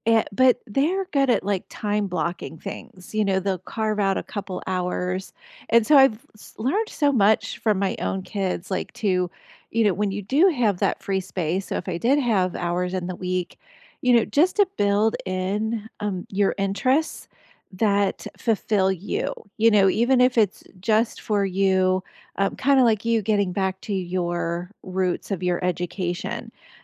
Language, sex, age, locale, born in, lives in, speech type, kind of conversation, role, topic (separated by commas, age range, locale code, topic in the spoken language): English, female, 45-49, en-US, United States, United States, conversation, unstructured, none, How would you use an extra five hours a week over the next year?